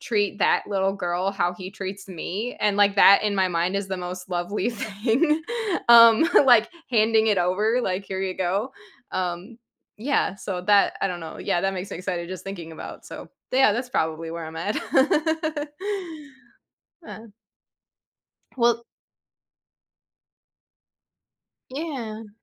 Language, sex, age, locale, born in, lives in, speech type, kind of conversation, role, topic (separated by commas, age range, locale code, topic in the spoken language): English, female, 35-39, en-US, United States, United States, conversation, advice, user, How can I cope with excitement and nervousness as I become a new parent?